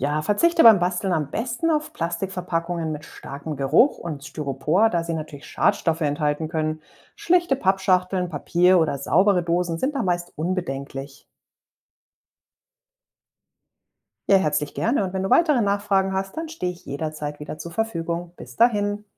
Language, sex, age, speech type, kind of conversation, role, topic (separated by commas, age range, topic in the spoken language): German, female, 40-44, read, expert-assistant, expert, Wie finde ich im Alltag praktische Wege, um umweltfreundlicher zu leben?